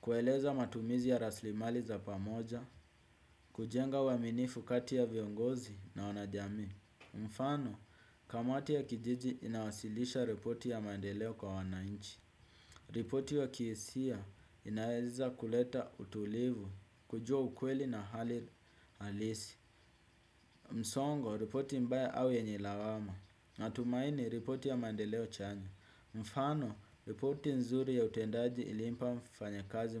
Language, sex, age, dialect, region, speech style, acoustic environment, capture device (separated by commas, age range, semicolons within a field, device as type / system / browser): Swahili, male, 18-24, Kenya - Nairobi Sheng-influenced, Kenya, happy, music, desktop / Windows / Chrome